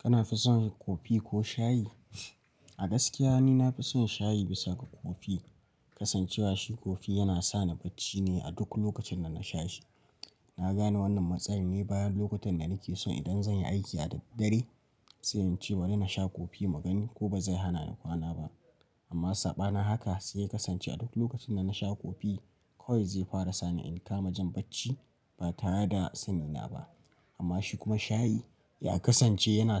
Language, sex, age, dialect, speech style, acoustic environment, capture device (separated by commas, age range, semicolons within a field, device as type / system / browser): Hausa, male, 18-24, Nigeria - Nigerian Standard, relaxed; focused, silence, mobile / Linux / Chrome